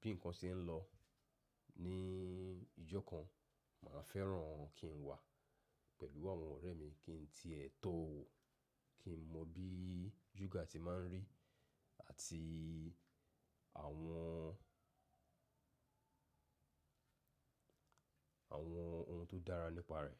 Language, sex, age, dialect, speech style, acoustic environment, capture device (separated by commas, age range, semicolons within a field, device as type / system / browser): Yoruba, male, 35-44, Nigeria - Lagos Yoruba, relaxed; focused, silence, mobile / macOS / Safari